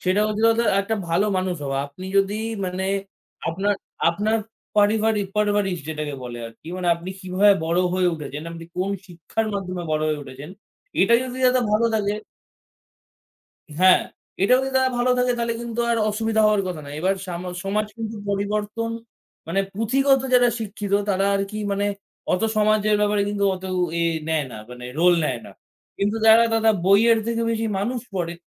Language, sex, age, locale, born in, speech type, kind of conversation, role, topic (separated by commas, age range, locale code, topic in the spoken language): Bengali, male, 18-19, bn-IN, India, conversation, unstructured, none, শিক্ষার মাধ্যমে সমাজে কী কী পরিবর্তন আনা সম্ভব?